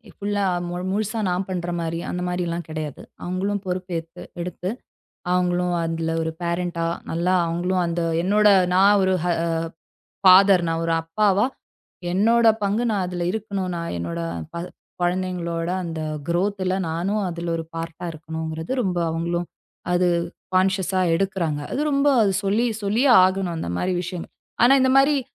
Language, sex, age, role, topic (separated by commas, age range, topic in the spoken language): Tamil, female, 35-39, guest, முதல்முறை பெற்றோராக மாறிய போது நீங்கள் என்ன உணர்ந்தீர்கள்?